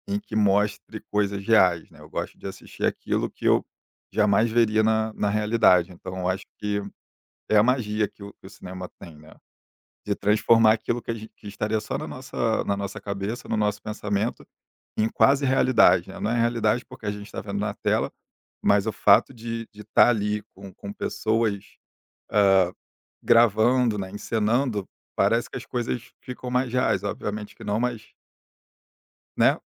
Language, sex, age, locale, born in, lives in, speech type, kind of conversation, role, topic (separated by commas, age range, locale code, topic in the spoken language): Portuguese, male, 30-34, pt-BR, Brazil, Germany, conversation, podcast, guest, Como você escolhe filmes ou séries para assistir?